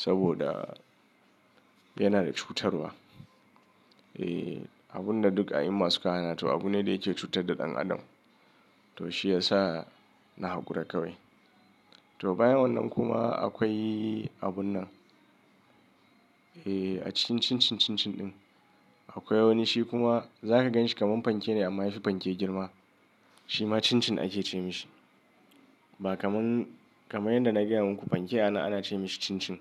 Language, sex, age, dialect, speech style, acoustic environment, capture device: Hausa, male, 35-44, Nigeria - Kano, nervous; excited; stressed; anxious, nature, mobile / Linux / Chrome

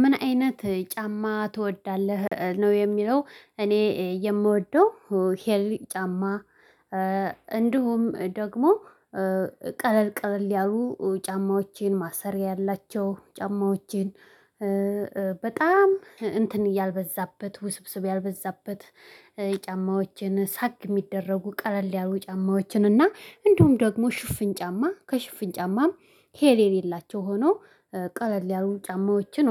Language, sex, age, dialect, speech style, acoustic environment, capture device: Amharic, female, 25-34, Ethiopia - Addis Ababa, excited; happy, silence, mobile / Linux / Chrome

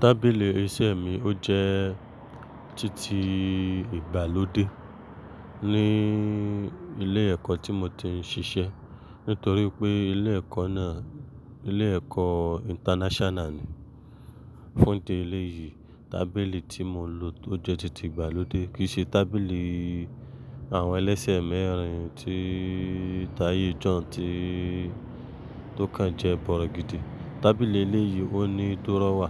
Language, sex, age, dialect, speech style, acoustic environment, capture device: Yoruba, male, 25-34, Nigeria - Lagos Yoruba, focused, traffic, mobile / Linux / Chrome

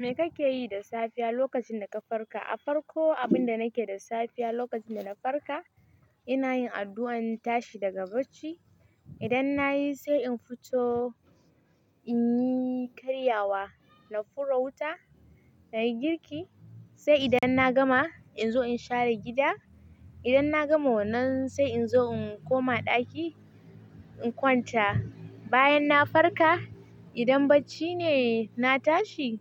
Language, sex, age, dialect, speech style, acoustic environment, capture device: Hausa, female, 18-24, Nigeria - Kano, excited, nature, mobile / Linux / Chrome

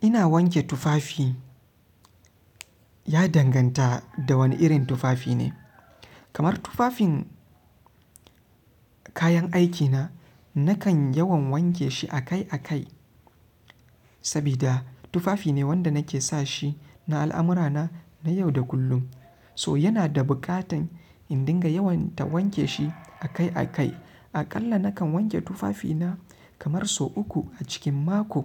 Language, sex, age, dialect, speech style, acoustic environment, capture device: Hausa, male, 25-34, Nigeria - Kano, happy; relaxed; focused, silence, mobile / Linux / Chrome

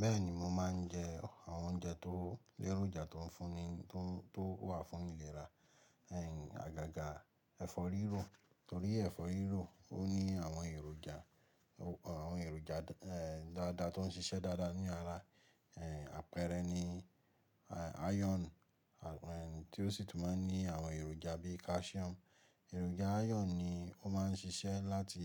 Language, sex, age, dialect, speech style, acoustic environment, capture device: Yoruba, male, 25-34, Nigeria - Ibadan, relaxed, nature, mobile / Linux / Chrome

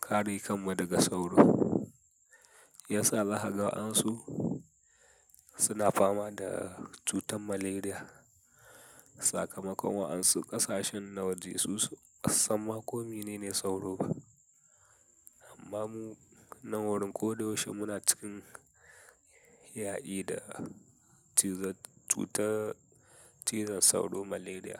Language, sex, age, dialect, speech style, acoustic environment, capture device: Hausa, male, 18-24, Nigeria - Katsina, happy, silence, mobile / Linux / Chrome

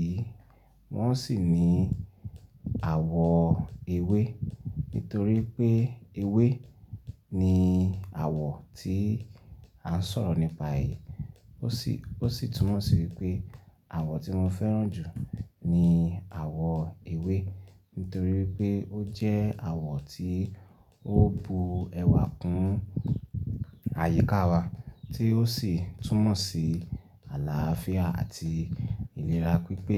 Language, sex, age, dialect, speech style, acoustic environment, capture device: Yoruba, male, 25-34, Nigeria - Ibadan, happy; relaxed; excited; focused, silence; nature, desktop / Linux / Chrome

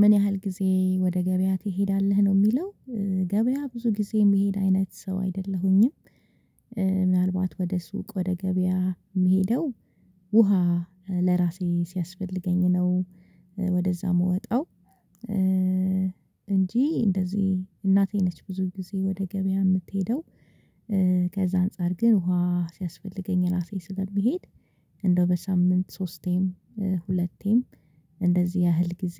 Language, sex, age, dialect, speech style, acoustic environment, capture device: Amharic, female, 25-34, Ethiopia - Addis Ababa, relaxed; focused, silence, mobile / Linux / Chrome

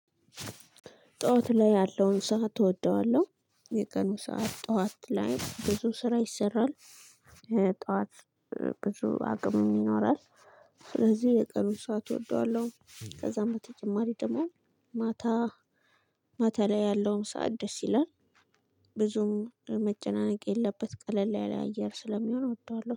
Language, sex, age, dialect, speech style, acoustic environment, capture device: Amharic, female, 25-34, Ethiopia - Addis Ababa, excited; happy, silence, mobile / Linux / Chrome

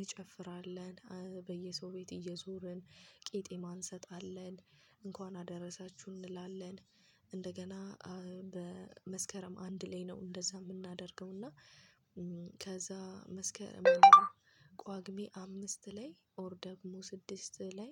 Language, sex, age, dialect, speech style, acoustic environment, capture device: Amharic, female, 25-34, Ethiopia - Addis Ababa, focused, traffic, mobile / Linux / Chrome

